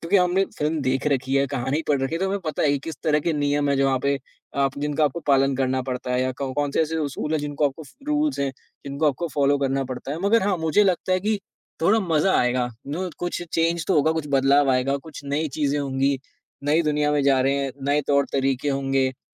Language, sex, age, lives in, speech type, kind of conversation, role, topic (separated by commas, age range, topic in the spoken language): Hindi, male, 20-24, India, conversation, podcast, guest, किस काल्पनिक दुनिया में तुम जीना चाहोगे?